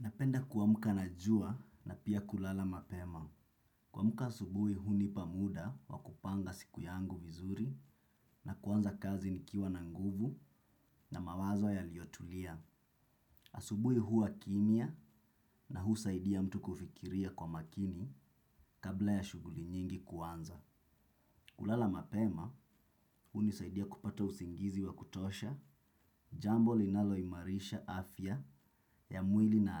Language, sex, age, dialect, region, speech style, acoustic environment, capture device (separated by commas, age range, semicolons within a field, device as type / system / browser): Swahili, male, 25-34, Kenya - Mombasa, Kenya, relaxed; focused, silence; nature, desktop / Windows / Chrome